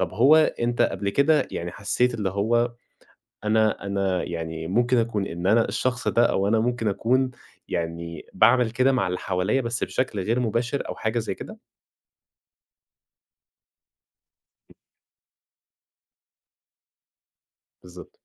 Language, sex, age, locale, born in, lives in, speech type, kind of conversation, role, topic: Arabic, male, 20-24, ar-EG, Egypt, Egypt, conversation, podcast, host, إزاي توازن بين إنك تسمع للناس وبين إنك تحافظ على حدودك؟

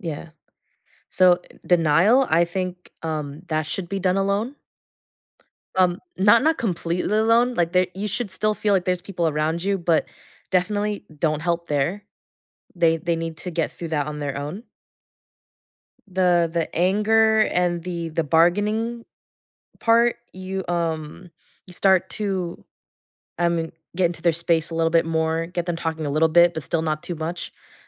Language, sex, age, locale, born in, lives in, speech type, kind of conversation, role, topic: English, female, 20-24, en-US, United States, United States, conversation, podcast, guest, What are thoughtful ways to be there for a friend who is grieving?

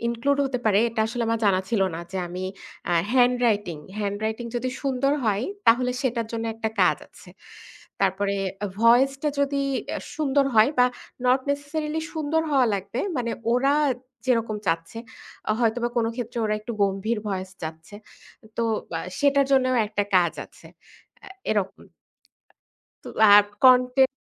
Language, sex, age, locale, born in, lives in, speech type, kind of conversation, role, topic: Bengali, female, 30-34, bn-BD, Bangladesh, Bangladesh, conversation, podcast, guest, তুমি যখন কোনো নতুন দক্ষতা শেখা শুরু করো, তখন প্রথমে কী করো?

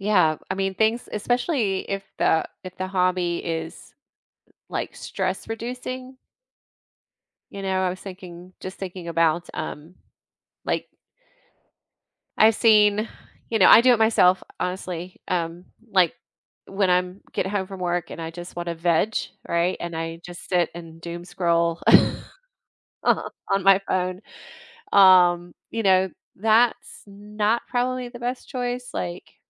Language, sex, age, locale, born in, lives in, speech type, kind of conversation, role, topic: English, female, 50-54, en-US, United States, United States, conversation, podcast, host, Why is self-care important for maintaining overall well-being?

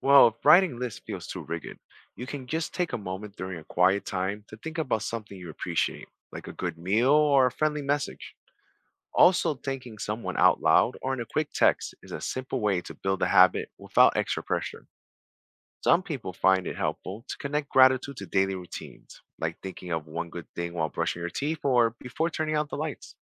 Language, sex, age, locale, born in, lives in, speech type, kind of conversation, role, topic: English, male, 40-44, en-US, United States, United States, read, expert-assistant, expert, How does gratitude affect a person’s happiness?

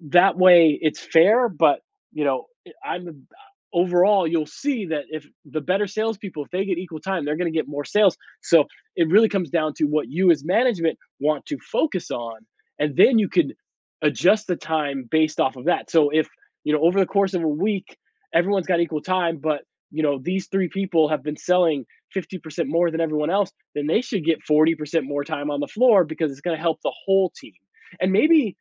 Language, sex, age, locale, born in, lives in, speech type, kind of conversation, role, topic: English, male, 40-44, en-US, United States, United States, conversation, advice, advisor, How can I get my coworkers and managers to recognize my contributions at work?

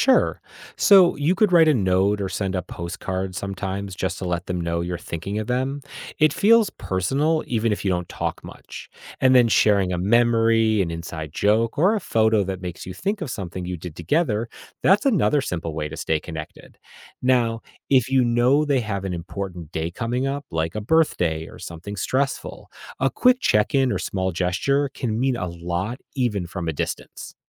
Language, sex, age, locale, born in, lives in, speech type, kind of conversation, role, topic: English, male, 45-49, en-US, United States, United States, read, expert-assistant, expert, How can we support friends who are going through a tough time?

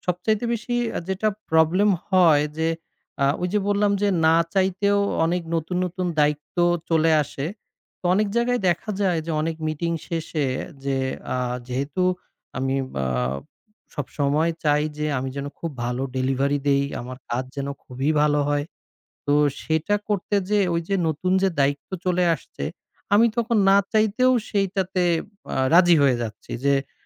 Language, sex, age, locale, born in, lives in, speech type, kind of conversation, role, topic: Bengali, male, 30-34, bn-BD, Bangladesh, Bangladesh, conversation, advice, user, অনেক মিটিং ও ইমেলের চাপের মধ্যে কীভাবে কাজের জন্য পর্যাপ্ত সময় বের করবেন?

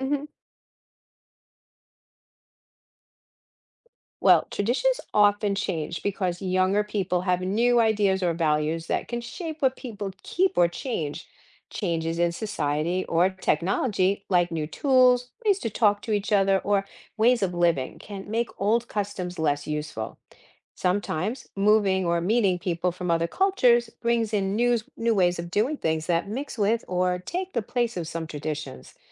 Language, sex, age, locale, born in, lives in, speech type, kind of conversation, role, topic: English, female, 60-64, en-US, United States, United States, read, expert-assistant, expert, Why do traditions change over time?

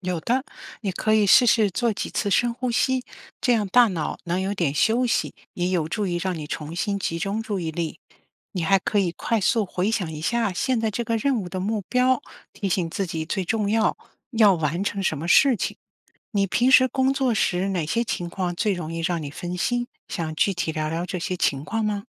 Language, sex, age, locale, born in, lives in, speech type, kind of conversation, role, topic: Chinese, female, 55-59, zh-CN, China, United States, read, expert-assistant, expert, 如何提升自己的专注力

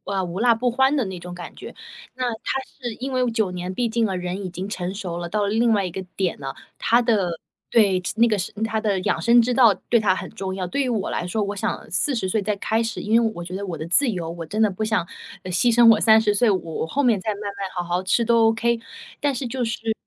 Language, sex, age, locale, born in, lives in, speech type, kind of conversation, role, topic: Chinese, female, 30-34, zh-CN, China, United States, conversation, advice, user, 我该如何在恋爱关系中设立并维护健康的界限？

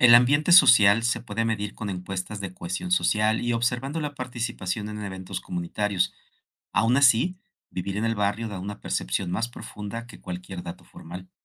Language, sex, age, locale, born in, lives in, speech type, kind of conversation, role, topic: Spanish, male, 55-59, es-MX, Mexico, Mexico, read, expert-assistant, expert, ¿Cuáles son los factores más importantes para decidir en qué barrio vivir en una ciudad?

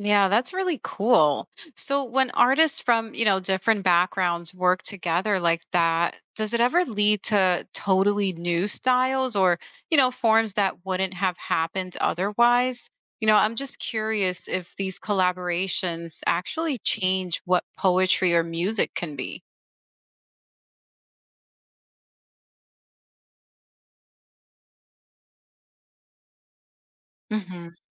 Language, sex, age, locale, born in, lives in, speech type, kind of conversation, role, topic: English, female, 35-39, en-US, United States, United States, read, expert-assistant, asker, How does poetry change when combined with other art forms?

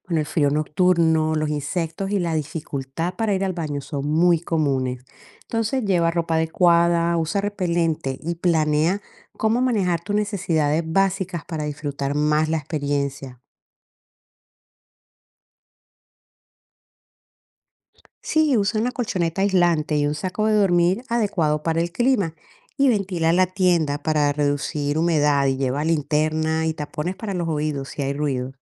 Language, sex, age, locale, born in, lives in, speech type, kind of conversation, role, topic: Spanish, female, 55-59, es-CO, Colombia, United States, read, expert-assistant, expert, ¿Cuáles son las ventajas y desventajas de acampar en sitios organizados versus hacerlo en plena naturaleza?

